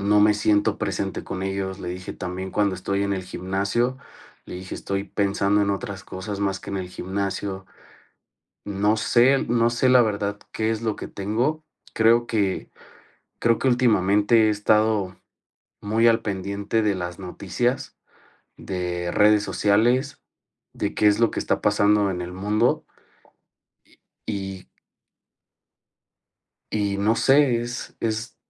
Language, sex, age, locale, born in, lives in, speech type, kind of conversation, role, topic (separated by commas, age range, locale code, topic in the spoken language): Spanish, male, 30-34, es-MX, Mexico, Mexico, conversation, advice, user, ¿Cómo puedo aclarar cuáles son mis valores personales?